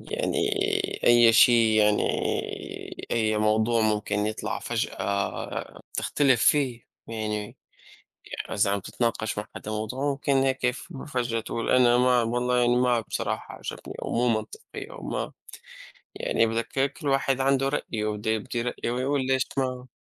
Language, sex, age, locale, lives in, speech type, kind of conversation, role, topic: Arabic, male, 25-29, ar-SY, United States, conversation, unstructured, none, إيه دور الحب والاحترام في إننا نعدّي الخلافات؟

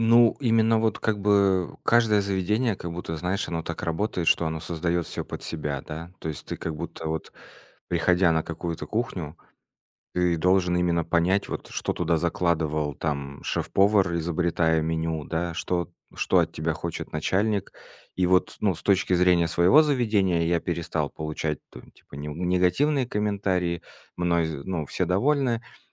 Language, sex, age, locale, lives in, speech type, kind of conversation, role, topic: Russian, male, 30-34, ru-RU, Estonia, conversation, podcast, guest, Как вы применяете новые знания на практике?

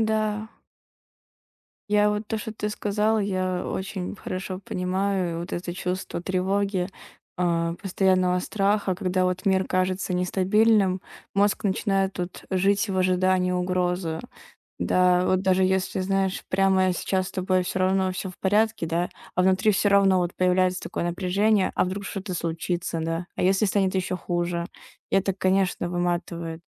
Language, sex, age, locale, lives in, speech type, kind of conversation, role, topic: Russian, female, 20-24, ru-RU, Estonia, conversation, advice, advisor, Как справиться с тревогой из-за мировых новостей и событий?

